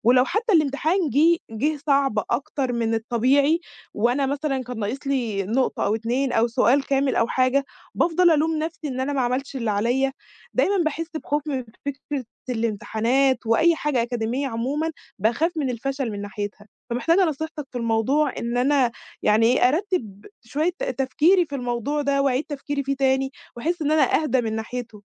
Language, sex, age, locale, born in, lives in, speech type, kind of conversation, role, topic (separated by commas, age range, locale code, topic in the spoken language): Arabic, female, 20-24, ar-EG, Egypt, Egypt, conversation, advice, user, إزاي بتتعامل مع خوفك من الرسوب أو من امتحان مصيري؟